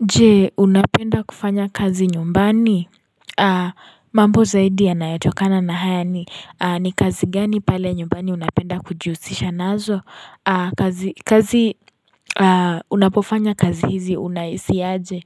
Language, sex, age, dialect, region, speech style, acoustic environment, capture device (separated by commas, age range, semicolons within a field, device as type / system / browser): Swahili, female, 18-24, Kenya - Nairobi Sheng-influenced, Kenya, happy; relaxed; focused; excited, silence, mobile / Linux / Chrome